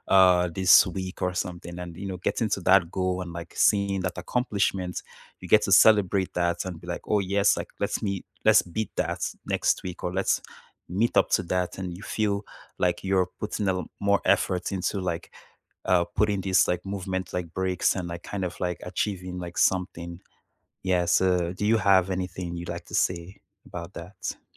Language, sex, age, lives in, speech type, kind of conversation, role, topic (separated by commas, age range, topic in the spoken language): English, male, 25-29, Canada, conversation, unstructured, none, How do you fit short movement breaks into your busy schedule, and how can we encourage each other?